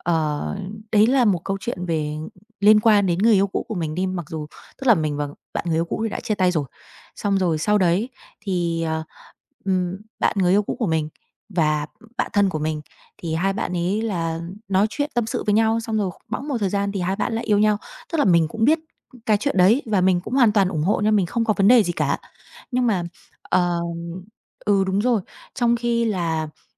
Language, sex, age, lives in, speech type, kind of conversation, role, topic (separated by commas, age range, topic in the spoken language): Vietnamese, female, 30-34, Vietnam, conversation, advice, user, Mình muốn xin lỗi nhưng sợ phản ứng của người kia, mình nên làm gì?